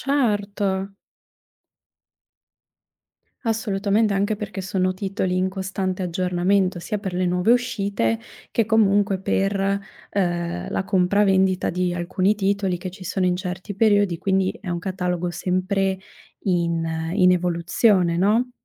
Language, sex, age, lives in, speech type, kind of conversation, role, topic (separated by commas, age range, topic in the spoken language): Italian, female, 25-29, Italy, conversation, podcast, host, Cosa pensi del ruolo delle piattaforme di streaming nella cultura?